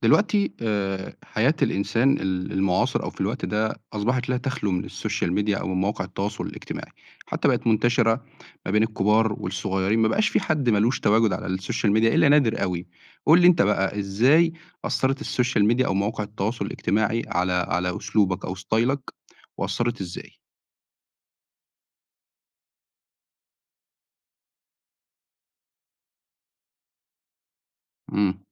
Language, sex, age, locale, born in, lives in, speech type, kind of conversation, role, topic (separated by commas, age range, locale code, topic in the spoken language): Arabic, male, 25-29, ar-EG, Egypt, Egypt, conversation, podcast, host, إزاي السوشيال ميديا بتأثر على أسلوبك؟